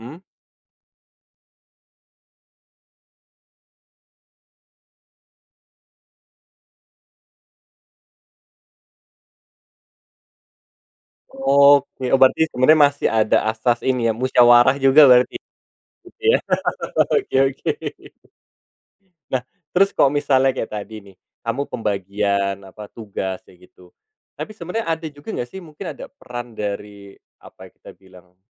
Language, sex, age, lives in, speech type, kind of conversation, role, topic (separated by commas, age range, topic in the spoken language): Indonesian, male, 30-34, Indonesia, conversation, podcast, host, Gimana pembagian kerja beres-beres di rumahmu?